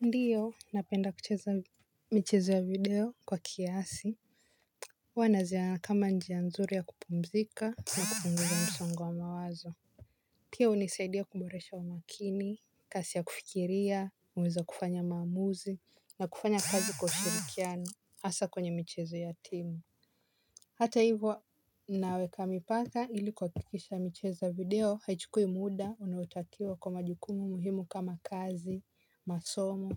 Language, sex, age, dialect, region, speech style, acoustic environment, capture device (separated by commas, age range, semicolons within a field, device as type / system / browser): Swahili, female, 18-24, Kenya - Mombasa, Kenya, happy, silence, mobile / Linux / Chrome